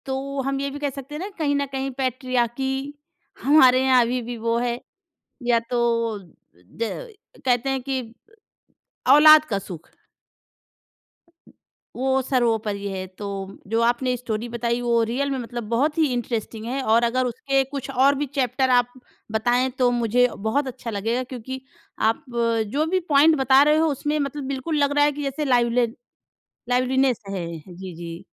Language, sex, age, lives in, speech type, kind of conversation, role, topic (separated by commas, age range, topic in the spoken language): Hindi, female, 30-34, India, conversation, podcast, host, Kaunsi film ya serial tumhe zindagi ke baare mein sochne par majboor karti hai?